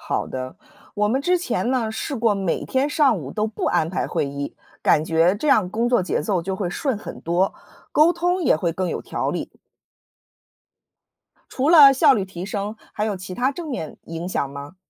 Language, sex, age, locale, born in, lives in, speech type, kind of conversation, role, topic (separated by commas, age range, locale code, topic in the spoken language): Chinese, female, 35-39, zh-CN, United States, United States, read, expert-assistant, asker, 团队如何高效管理优先级和无会时间？